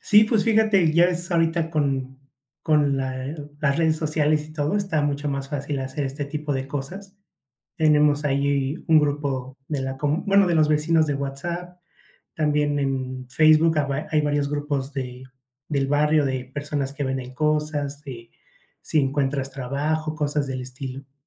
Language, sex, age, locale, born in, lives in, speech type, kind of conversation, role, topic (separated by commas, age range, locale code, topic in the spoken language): Spanish, male, 30-34, es-MX, Mexico, Mexico, conversation, podcast, guest, ¿Qué consejos le darías a alguien que acaba de llegar a un barrio nuevo?